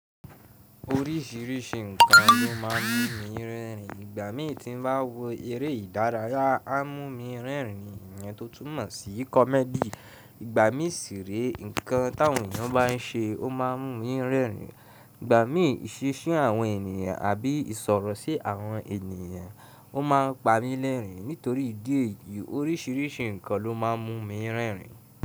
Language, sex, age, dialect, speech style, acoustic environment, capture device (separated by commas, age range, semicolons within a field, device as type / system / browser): Yoruba, male, 18-24, Nigeria - Ibadan, happy, people talking; appliances, mobile / Linux / Chrome